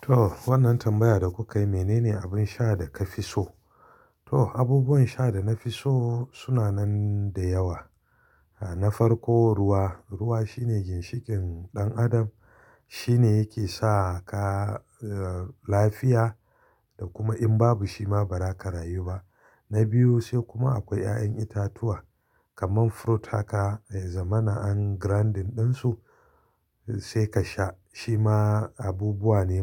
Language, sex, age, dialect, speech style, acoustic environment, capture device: Hausa, male, 35-44, Nigeria - Kano, excited, silence, mobile / Linux / Chrome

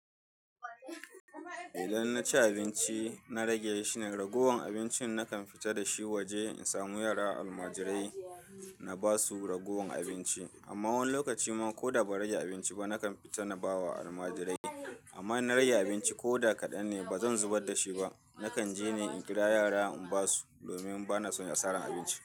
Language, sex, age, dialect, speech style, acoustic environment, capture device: Hausa, male, 35-44, Nigeria - Kano, happy, people talking, mobile / Linux / Chrome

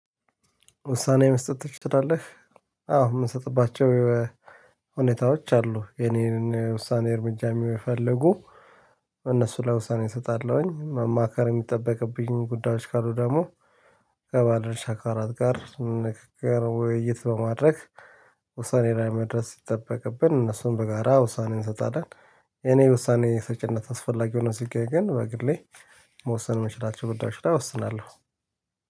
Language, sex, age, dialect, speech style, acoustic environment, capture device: Amharic, male, 35-44, Ethiopia - Addis Ababa, relaxed; excited, nature, mobile / Linux / Chrome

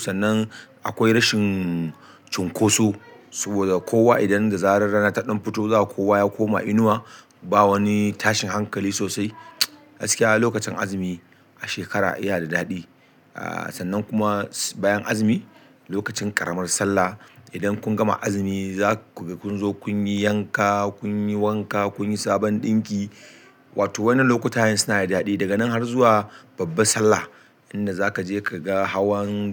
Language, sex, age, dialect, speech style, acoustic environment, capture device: Hausa, male, 35-44, Nigeria - Kano, happy; excited; focused, people talking; nature, desktop / Linux / Chrome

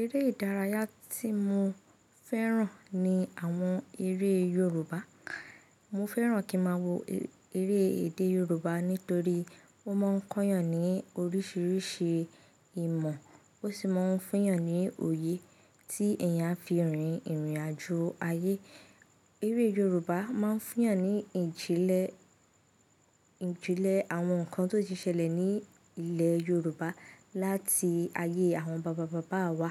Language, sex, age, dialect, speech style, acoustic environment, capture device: Yoruba, female, 18-24, Nigeria - Ibadan, focused, silence, mobile / Linux / Chrome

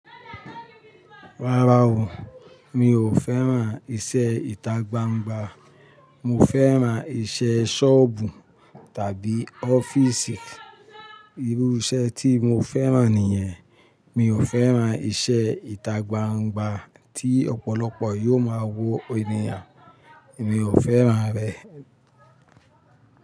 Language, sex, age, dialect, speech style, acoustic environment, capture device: Yoruba, male, 45-54, Nigeria - Ibadan, happy, nature, mobile / Linux / Chrome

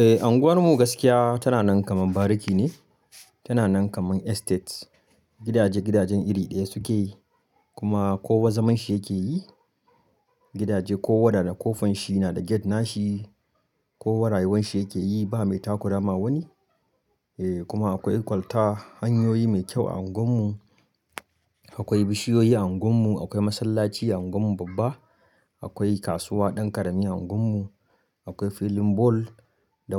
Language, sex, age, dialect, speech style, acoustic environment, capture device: Hausa, male, 25-34, Nigeria - Kano, happy; focused, nature, mobile / Linux / Chrome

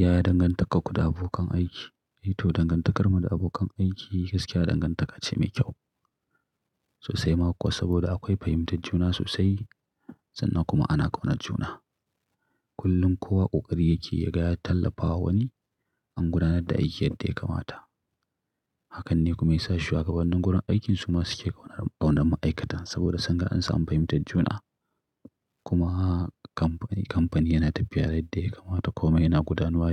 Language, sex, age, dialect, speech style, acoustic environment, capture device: Hausa, male, 35-44, Nigeria - Kano, happy, nature, mobile / Linux / Chrome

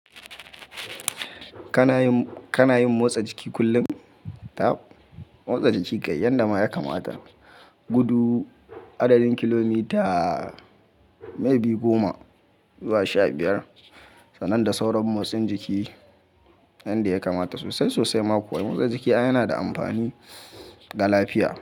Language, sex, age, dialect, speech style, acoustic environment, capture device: Hausa, male, 25-34, Nigeria - Kano, happy; focused, people talking, mobile / Linux / Chrome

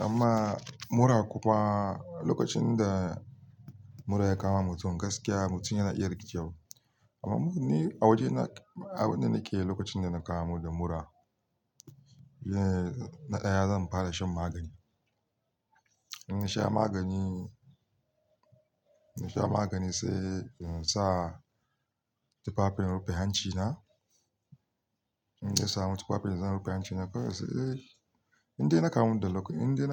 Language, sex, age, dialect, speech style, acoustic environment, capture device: Hausa, male, 35-44, Nigeria - Kano, relaxed; focused, nature, mobile / Linux / Chrome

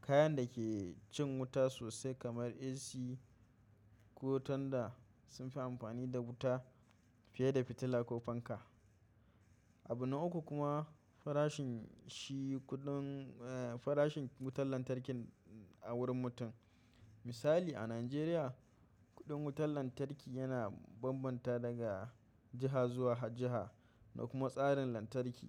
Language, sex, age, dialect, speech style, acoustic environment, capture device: Hausa, male, 25-34, Nigeria - Kano, tired, silence, mobile / macOS / Safari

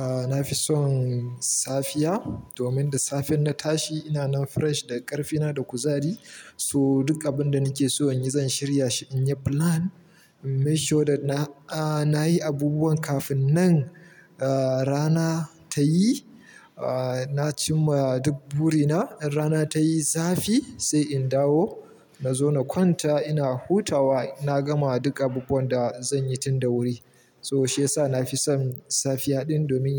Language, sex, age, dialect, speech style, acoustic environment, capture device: Hausa, male, 25-34, Nigeria - Kano, happy, silence, mobile / Linux / Chrome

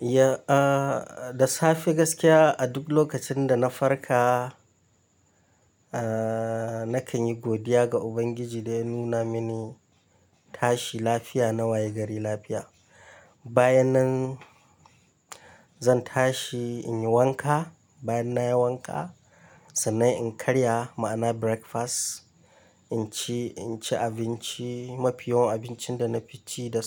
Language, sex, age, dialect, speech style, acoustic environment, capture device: Hausa, male, 25-34, Nigeria - Kano, excited; happy, nature, mobile / Linux / Chrome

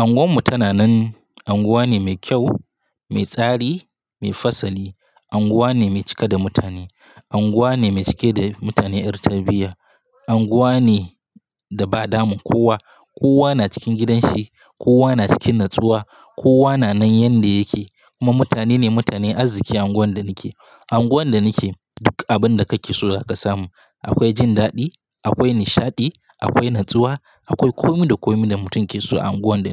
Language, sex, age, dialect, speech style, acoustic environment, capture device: Hausa, male, 25-34, Nigeria - Katsina, relaxed, silence, mobile / Linux / Chrome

